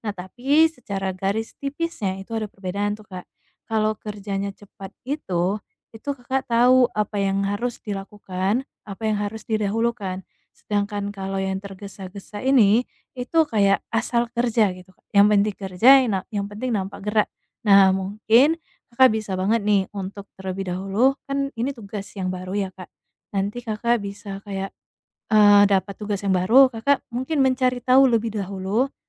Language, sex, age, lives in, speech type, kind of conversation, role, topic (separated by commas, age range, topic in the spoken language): Indonesian, female, 25-29, Indonesia, conversation, advice, advisor, Bagaimana saya bisa fokus pada tugas berikutnya saat merasa cemas tanpa merasa terbebani?